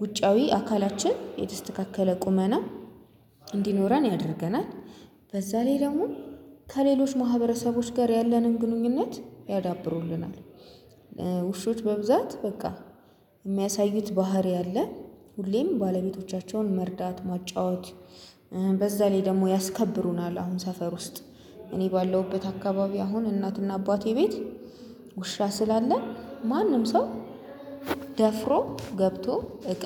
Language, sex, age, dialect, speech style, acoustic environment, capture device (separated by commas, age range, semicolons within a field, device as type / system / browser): Amharic, female, 25-34, Ethiopia - Addis Ababa, happy, people talking, mobile / Linux / Chrome